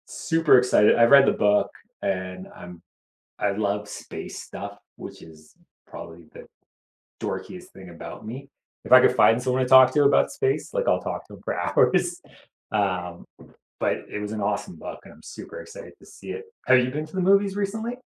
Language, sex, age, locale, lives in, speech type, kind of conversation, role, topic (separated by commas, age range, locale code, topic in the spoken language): English, male, 40-44, en-CA, Canada, conversation, unstructured, none, Which movies, TV shows, music, games, or live events make you feel most connected to other people, and how do you share them?